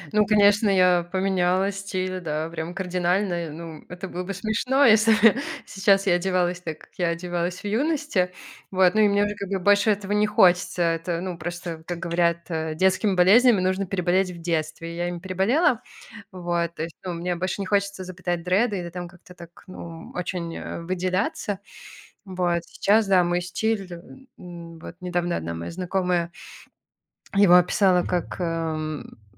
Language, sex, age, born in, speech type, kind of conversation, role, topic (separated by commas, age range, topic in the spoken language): Russian, male, 30-34, Belarus, conversation, podcast, guest, Как ты используешь одежду, чтобы подчеркнуть свою идентичность?